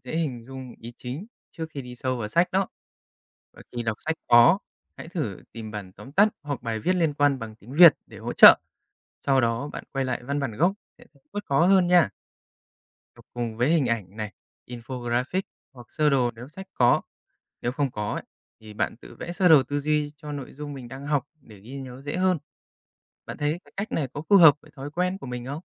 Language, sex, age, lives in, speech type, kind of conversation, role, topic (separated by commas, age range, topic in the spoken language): Vietnamese, male, 30-34, Japan, read, expert-assistant, expert, Làm thế nào để xây dựng thói quen đọc sách tiếng Anh